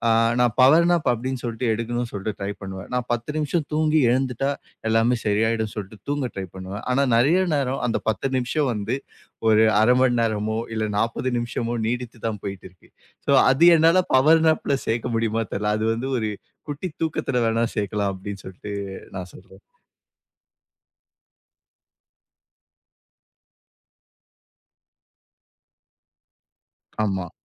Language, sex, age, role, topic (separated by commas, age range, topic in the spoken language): Tamil, male, 25-29, guest, சிறிது நேரத் தூக்கம் (நாப்) எடுப்பது குறித்து உங்கள் அனுபவம் என்ன?